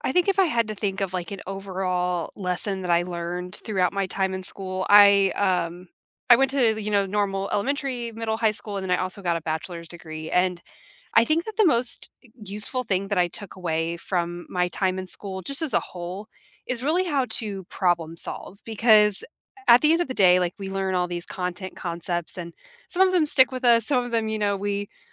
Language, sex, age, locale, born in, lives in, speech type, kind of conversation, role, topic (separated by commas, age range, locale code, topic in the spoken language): English, female, 30-34, en-US, United States, United States, conversation, unstructured, none, What is the most genuinely useful lesson you learned in school, and how has it shaped your life?